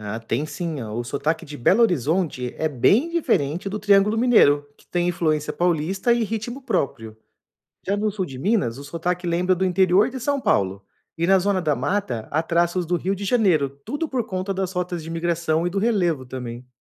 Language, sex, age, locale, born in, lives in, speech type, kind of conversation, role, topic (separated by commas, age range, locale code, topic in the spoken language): Portuguese, male, 35-39, pt-BR, Brazil, Portugal, read, expert-assistant, expert, Você conhece algum podcast ou canal que mostra como as pessoas falam diferente em cada canto do Brasil?